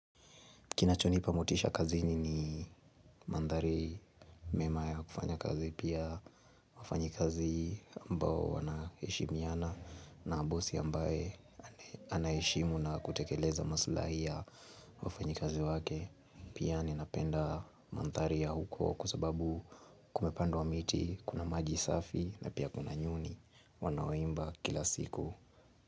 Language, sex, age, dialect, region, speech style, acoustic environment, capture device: Swahili, male, 18-24, Kenya - Nairobi Sheng-influenced, Kenya, happy, nature, mobile / Linux / Chrome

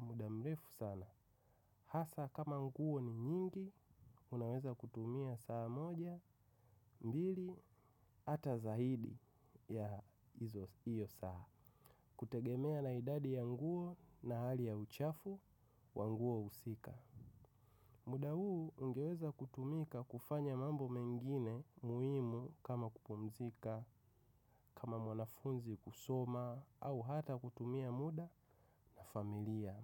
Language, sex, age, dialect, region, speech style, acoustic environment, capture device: Swahili, male, 25-34, Tanzania - Dar es Salaam, Tanzania, focused; excited; relaxed, silence; nature; appliances, mobile / macOS / Safari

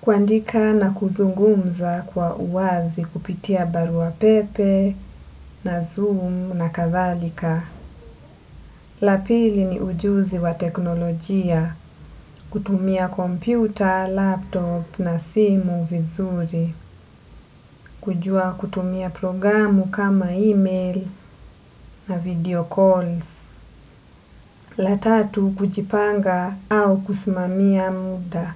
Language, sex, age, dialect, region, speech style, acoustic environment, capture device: Swahili, female, 25-34, Kenya - Mombasa, Kenya, relaxed, nature, desktop / Windows / Edge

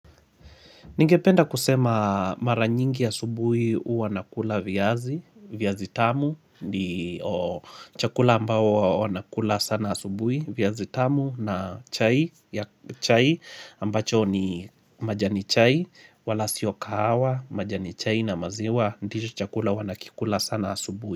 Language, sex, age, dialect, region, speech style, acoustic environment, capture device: Swahili, male, 25-34, Kenya - Mombasa, Kenya, happy; relaxed, people talking, mobile / Linux / Chrome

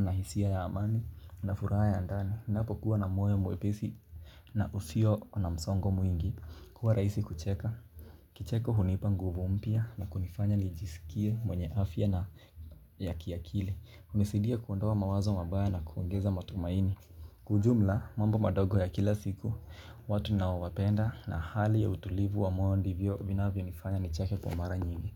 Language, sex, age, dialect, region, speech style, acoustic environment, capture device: Swahili, male, 18-24, Kenya - Mombasa, Kenya, focused, silence, mobile / Linux / Chrome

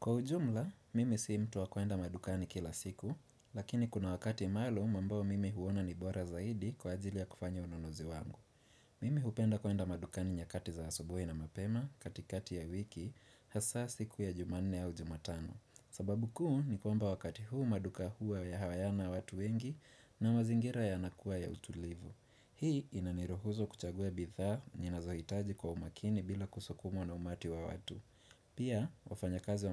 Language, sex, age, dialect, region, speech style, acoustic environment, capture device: Swahili, male, 25-34, Kenya - Nairobi Sheng-influenced, Kenya, relaxed, nature, desktop / Windows / Chrome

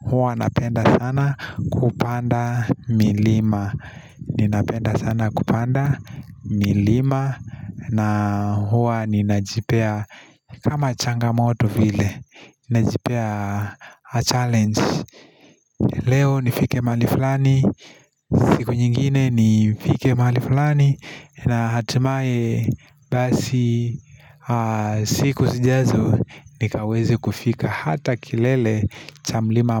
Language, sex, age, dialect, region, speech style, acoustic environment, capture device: Swahili, male, 25-34, Kenya - Nairobi Sheng-influenced, Kenya, nervous, people talking, mobile / Linux / Chrome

